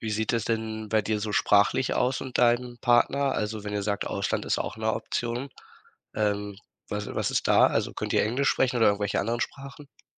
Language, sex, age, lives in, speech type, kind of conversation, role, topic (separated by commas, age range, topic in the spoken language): German, male, 18-19, Germany, conversation, advice, advisor, Soll ich für meinen Partner oder meinen Job in eine andere Stadt oder ins Ausland ziehen?